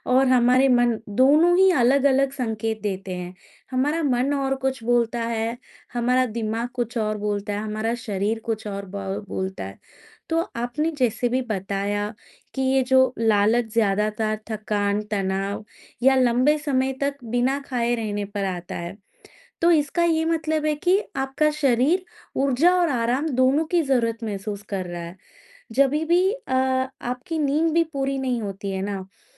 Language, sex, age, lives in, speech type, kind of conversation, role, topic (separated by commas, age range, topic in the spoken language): Hindi, female, 25-29, India, conversation, advice, advisor, अचानक लगने वाली भूख और तले-भुने नाश्तों के त्वरित लालच से मैं कैसे निपटूँ?